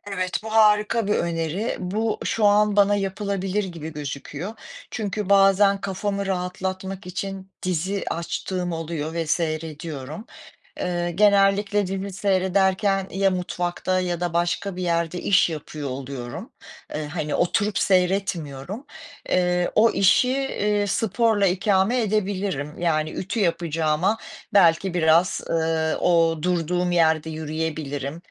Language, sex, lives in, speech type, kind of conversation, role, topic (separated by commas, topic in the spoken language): Turkish, female, United States, conversation, advice, user, Neden düzenli egzersiz yapmayı başaramıyorum?